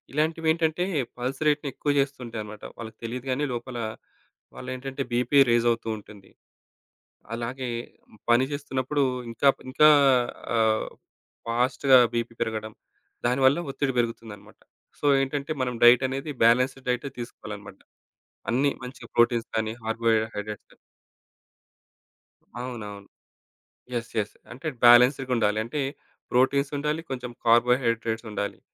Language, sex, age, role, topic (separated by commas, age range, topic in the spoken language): Telugu, male, 35-39, guest, ఒత్తిడి వచ్చినప్పుడు మీకు ఉపశమనం కలిగించే చిన్న చిట్కాలు ఏవి?